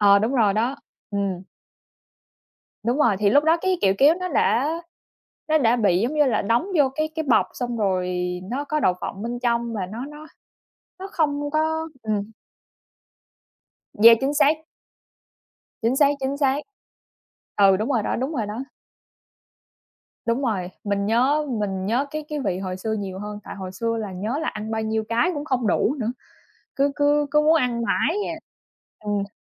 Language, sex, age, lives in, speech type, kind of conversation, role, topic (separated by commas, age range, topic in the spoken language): Vietnamese, female, 25-29, United States, conversation, unstructured, none, Món ăn nào khiến bạn nhớ về tuổi thơ nhất?